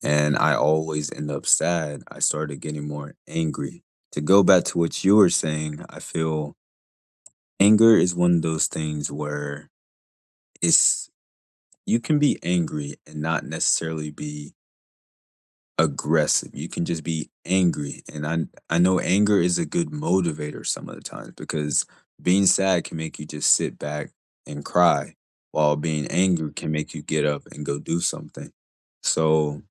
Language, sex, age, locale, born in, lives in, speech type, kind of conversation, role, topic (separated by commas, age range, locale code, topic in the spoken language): English, male, 20-24, en-US, United States, United States, conversation, unstructured, none, Why might some people feel anger instead of sadness after losing someone?